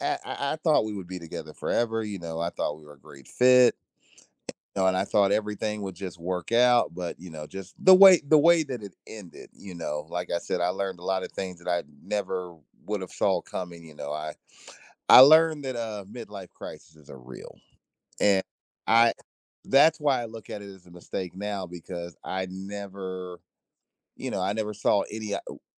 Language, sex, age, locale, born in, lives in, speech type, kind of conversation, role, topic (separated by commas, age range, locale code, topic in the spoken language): English, male, 40-44, en-US, United States, United States, conversation, podcast, host, How have your biggest mistakes shaped who you are today?